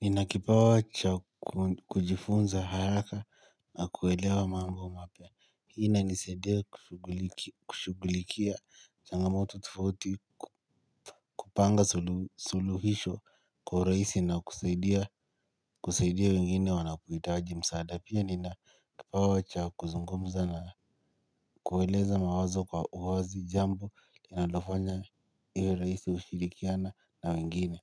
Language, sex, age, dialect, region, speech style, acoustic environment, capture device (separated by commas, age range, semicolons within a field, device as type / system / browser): Swahili, male, 18-24, Kenya - Nairobi Sheng-influenced, Kenya, happy, silence, mobile / Linux / Chrome